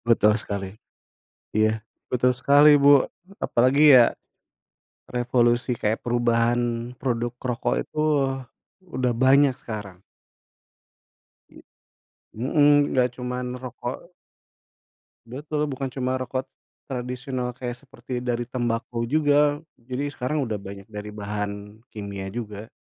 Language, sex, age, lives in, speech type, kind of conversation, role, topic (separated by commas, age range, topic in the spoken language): Indonesian, male, 40-44, Indonesia, conversation, unstructured, none, Bagaimana cara meyakinkan teman untuk berhenti merokok demi kesehatan mereka?